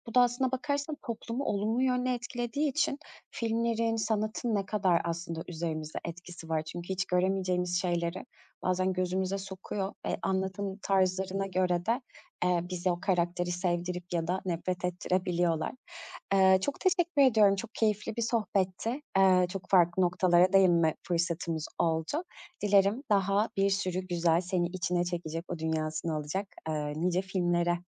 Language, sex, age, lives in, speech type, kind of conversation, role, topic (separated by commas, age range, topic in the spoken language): Turkish, female, 35-39, Greece, conversation, podcast, host, Bir film seni nasıl başka bir dünyanın içine çeker?